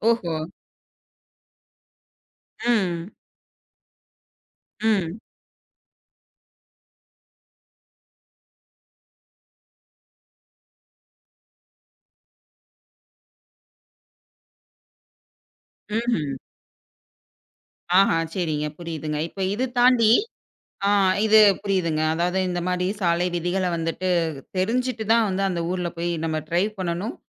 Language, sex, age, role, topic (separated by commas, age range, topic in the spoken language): Tamil, female, 25-29, host, இன்னொரு நாட்டின் பண்பாட்டு விதிகளை மதித்து நடப்பதற்கான உங்களுடைய முக்கியமான அறிவுரை என்ன?